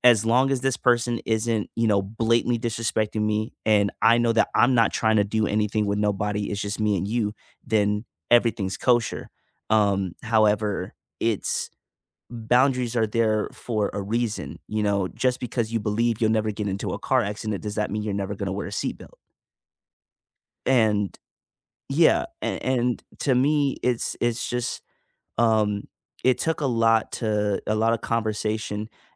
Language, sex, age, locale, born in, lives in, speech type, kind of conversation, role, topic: English, male, 25-29, en-US, United States, United States, conversation, unstructured, none, What role does compromise play in resolving disagreements?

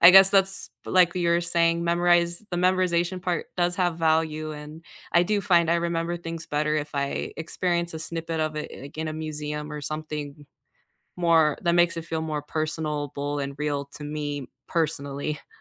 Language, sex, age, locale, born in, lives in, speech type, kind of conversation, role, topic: English, female, 25-29, en-US, United States, United States, conversation, unstructured, none, How do you like to learn about history, and how does it help you feel connected?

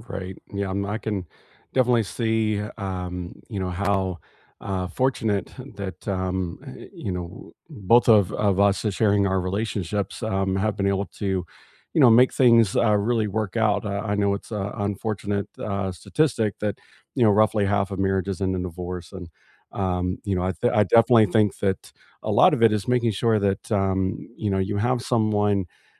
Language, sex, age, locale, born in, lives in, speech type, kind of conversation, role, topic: English, male, 40-44, en-US, United States, United States, conversation, unstructured, none, How do cultural rules and changing social norms shape your romantic relationships?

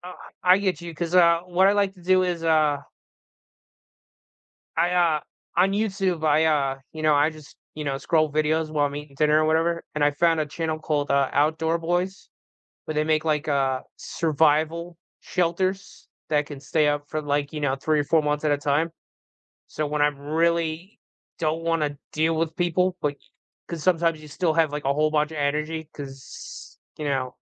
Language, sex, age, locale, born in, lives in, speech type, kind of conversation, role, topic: English, male, 40-44, en-US, United States, United States, conversation, unstructured, none, How do you recharge—solo time or social time?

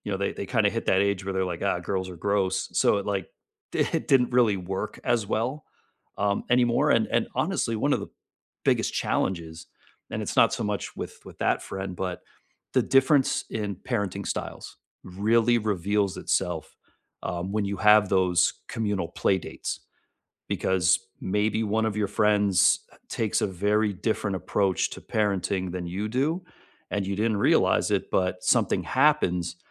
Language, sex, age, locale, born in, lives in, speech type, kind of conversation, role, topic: English, male, 40-44, en-US, United States, United States, conversation, unstructured, none, What would a richer circle of relationships look like in five years, and what would it give you?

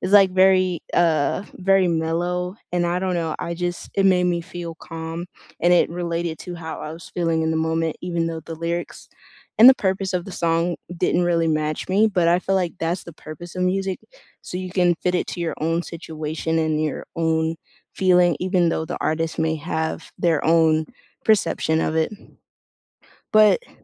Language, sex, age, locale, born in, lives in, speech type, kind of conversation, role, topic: English, female, 18-19, en-US, United States, United States, conversation, unstructured, none, What song have you had on repeat lately, and how does it make you feel?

